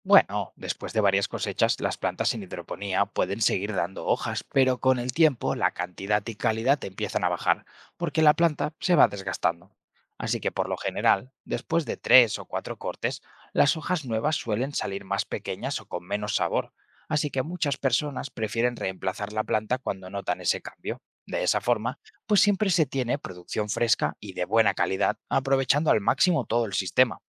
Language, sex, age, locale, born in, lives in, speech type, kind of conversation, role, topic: Spanish, male, 25-29, es-ES, Spain, Spain, read, expert-assistant, expert, ¿Qué debo saber para empezar a cultivar verduras en hidroponía?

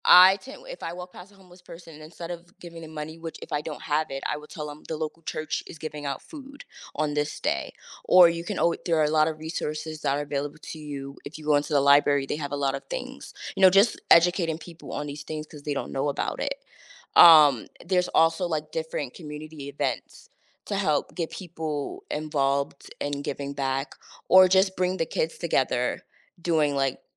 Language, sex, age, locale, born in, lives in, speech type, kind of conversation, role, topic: English, female, 30-34, en-US, United States, United States, conversation, podcast, guest, What qualities help people build and maintain a supportive community?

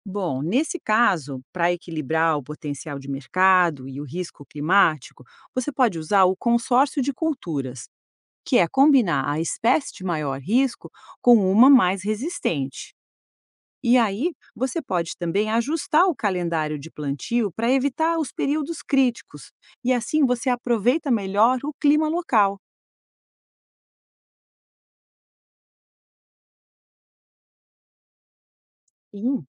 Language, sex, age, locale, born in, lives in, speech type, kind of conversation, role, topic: Portuguese, female, 50-54, pt-BR, Brazil, United States, read, expert-assistant, expert, Quais fatores devo considerar ao escolher quais culturas plantar em minha propriedade, levando em conta clima, mercado e sustentabilidade?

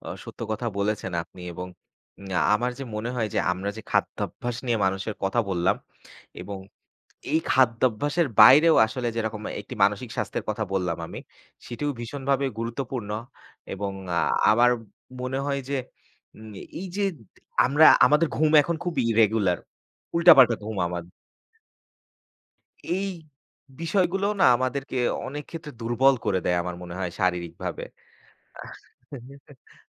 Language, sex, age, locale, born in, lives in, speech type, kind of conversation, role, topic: Bengali, male, 25-29, bn-BD, Bangladesh, Bangladesh, conversation, unstructured, none, আপনার মতে খাদ্যাভ্যাস ও শারীরিক সুস্থতার মধ্যে কী ধরনের সম্পর্ক আছে?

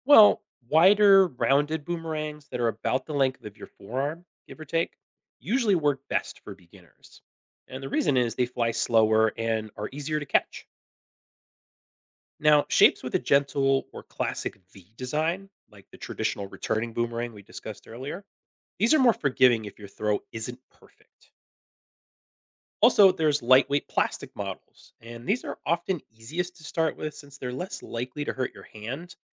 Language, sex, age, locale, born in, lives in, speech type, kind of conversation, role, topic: English, male, 40-44, en-US, United States, United States, read, expert-assistant, expert, How does a boomerang return when thrown?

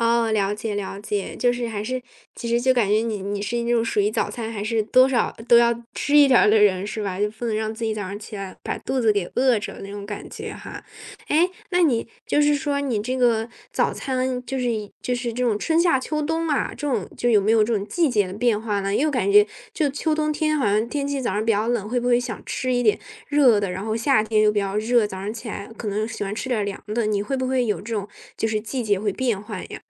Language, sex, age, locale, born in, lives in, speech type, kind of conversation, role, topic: Chinese, female, 20-24, zh-CN, China, Germany, conversation, podcast, host, 你通常早餐吃什么，为什么会这样选择？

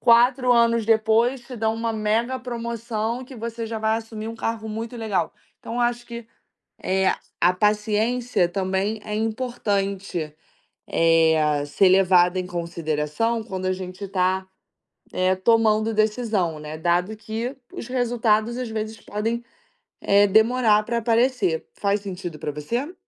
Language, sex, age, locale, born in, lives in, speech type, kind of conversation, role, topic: Portuguese, female, 25-29, pt-BR, Brazil, Spain, conversation, advice, advisor, Como posso aprender a enxergar os impactos a longo prazo das minhas escolhas?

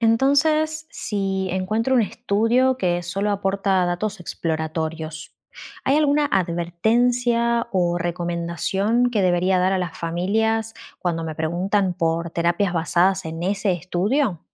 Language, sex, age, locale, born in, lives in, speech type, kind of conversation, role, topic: Spanish, female, 35-39, es-AR, Argentina, Netherlands, read, expert-assistant, asker, ¿Cómo saber si una terapia en neuropsicología está realmente respaldada por evidencia científica?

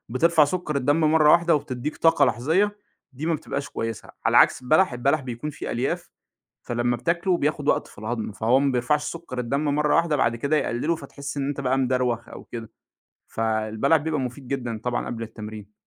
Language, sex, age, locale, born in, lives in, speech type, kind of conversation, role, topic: Arabic, male, 25-29, ar-EG, Egypt, Egypt, conversation, podcast, guest, إزاي الرياضة والنوم الكويس بيساعدوك تتفادى الإنهاك في الشغل؟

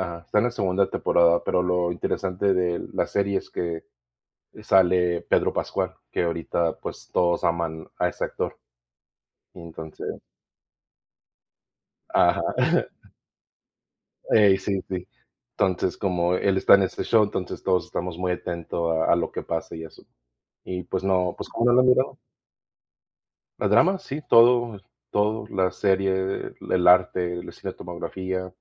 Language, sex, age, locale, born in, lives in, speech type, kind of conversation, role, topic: Spanish, male, 35-39, es-MX, Mexico, United States, conversation, unstructured, none, ¿Cuáles son las mejores series para maratonear durante el fin de semana?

